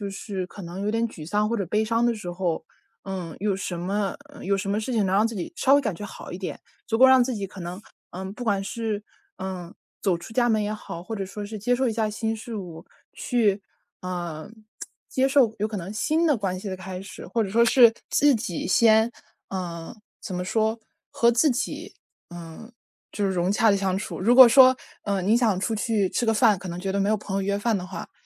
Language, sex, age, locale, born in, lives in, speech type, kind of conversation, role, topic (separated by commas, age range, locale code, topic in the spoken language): Chinese, female, 20-24, zh-CN, China, United States, conversation, advice, advisor, 离别后我该如何处理自己的情绪？